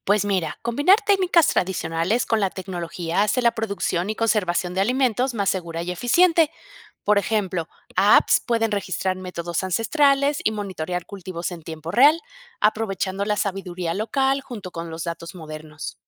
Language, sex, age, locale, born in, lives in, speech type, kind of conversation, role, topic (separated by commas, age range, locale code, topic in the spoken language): Spanish, female, 50-54, es-MX, Mexico, Mexico, read, expert-assistant, expert, ¿Cómo podríamos combinar tecnología y tradiciones locales para mejorar la seguridad alimentaria en comunidades rurales?